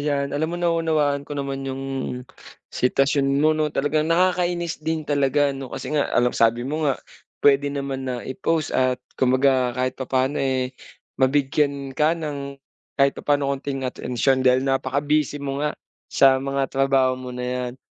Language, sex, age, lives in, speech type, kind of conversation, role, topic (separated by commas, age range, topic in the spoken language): Filipino, male, 25-29, Philippines, conversation, advice, advisor, Paano kami makikinig at mag-uusap nang mas mabisa?